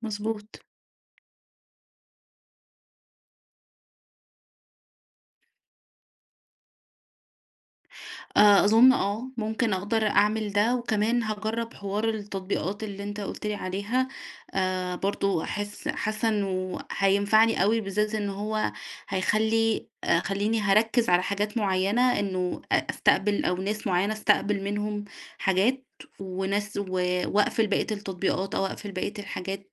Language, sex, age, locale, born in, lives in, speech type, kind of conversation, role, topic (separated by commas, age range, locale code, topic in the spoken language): Arabic, female, 30-34, ar-EG, Egypt, Egypt, conversation, advice, user, إزاي أتجنب التشتت وأحافظ على تركيزي في جلسات الشغل اللي محتاجة تركيز؟